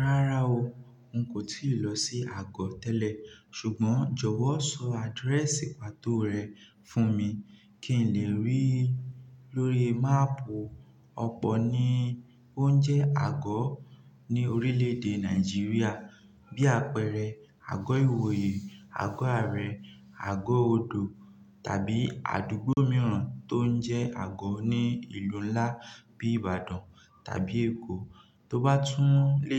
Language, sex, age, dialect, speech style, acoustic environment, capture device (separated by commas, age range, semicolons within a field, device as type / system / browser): Yoruba, male, 18-24, Nigeria - Nigerian Standard, focused, nature, mobile / Linux / Chrome